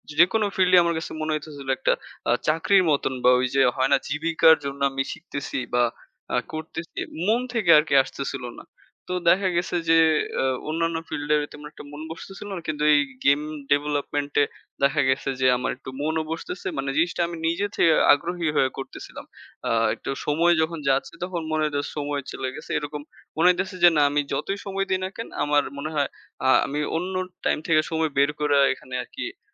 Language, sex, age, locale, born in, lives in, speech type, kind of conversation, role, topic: Bengali, male, 20-24, bn-BD, Bangladesh, Bangladesh, conversation, unstructured, none, আপনি কেন এই শখটি পছন্দ করেন?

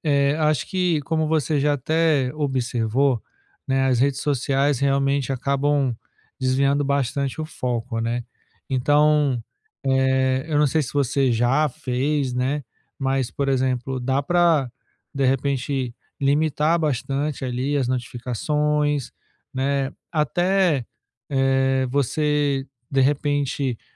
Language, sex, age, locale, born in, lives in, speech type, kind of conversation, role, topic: Portuguese, male, 35-39, pt-BR, Brazil, France, conversation, advice, advisor, Como posso manter o foco em uma única tarefa sem me distrair?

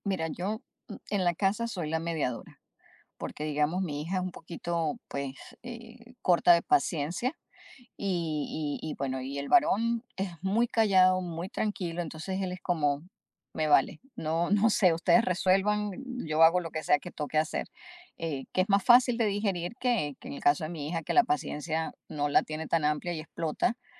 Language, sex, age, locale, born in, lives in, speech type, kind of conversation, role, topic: Spanish, female, 50-54, es-US, Venezuela, United States, conversation, advice, user, ¿Cómo podemos manejar el estrés y los desacuerdos de pareja durante una mudanza?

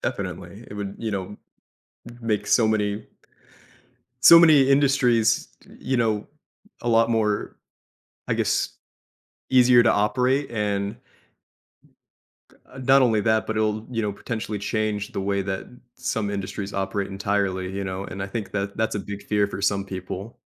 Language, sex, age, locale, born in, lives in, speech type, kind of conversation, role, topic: English, male, 25-29, en-US, United States, United States, conversation, podcast, host, How might advances in technology change the way we work and the kinds of jobs available in the future?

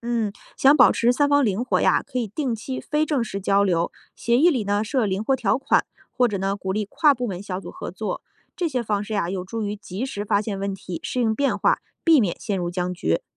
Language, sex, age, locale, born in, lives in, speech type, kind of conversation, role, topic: Chinese, female, 35-39, zh-CN, China, United States, read, expert-assistant, expert, 在现实生活中，哪种情况类似于《三国演义》中三足鼎立的局面？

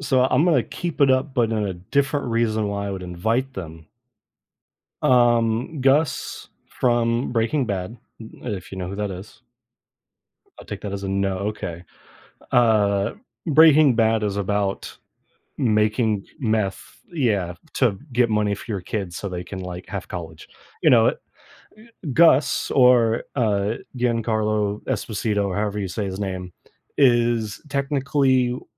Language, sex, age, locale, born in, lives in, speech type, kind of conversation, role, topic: English, male, 25-29, en-US, United States, United States, conversation, unstructured, none, Which film character would you invite to dinner, and what would you ask them?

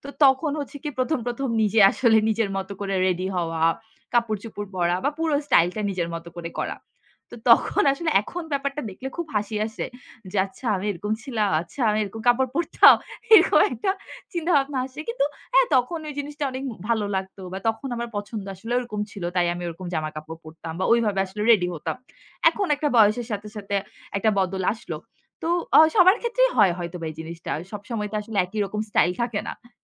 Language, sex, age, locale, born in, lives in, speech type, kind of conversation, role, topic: Bengali, female, 25-29, bn-BD, Bangladesh, Bangladesh, conversation, podcast, guest, সময়ের সঙ্গে সঙ্গে আপনার স্টাইল কীভাবে বদলেছে?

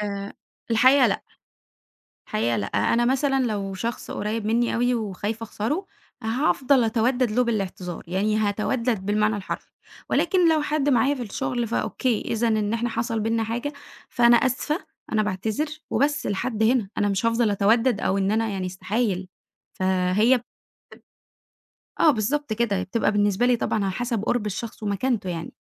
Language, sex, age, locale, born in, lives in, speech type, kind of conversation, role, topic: Arabic, female, 20-24, ar-EG, Egypt, Egypt, conversation, podcast, guest, إزاي بتقول أنا آسف وإمتى بتعتذر؟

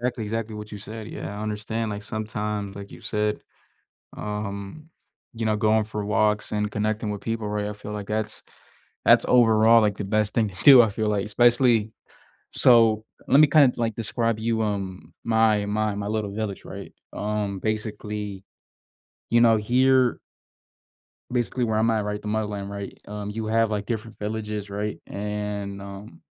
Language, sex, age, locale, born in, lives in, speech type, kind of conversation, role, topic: English, male, 20-24, en-US, United States, United States, conversation, unstructured, none, What small, everyday gestures help your community feel more welcoming and connected?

